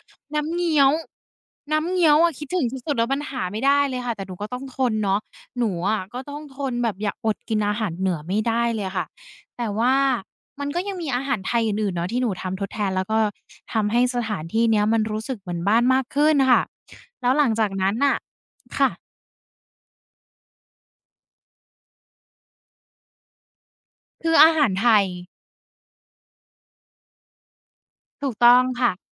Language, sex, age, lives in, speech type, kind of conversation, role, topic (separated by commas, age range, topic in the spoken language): Thai, female, 20-24, Thailand, conversation, podcast, guest, การย้ายประเทศทำให้ความรู้สึกว่า “บ้าน” เปลี่ยนยังไง?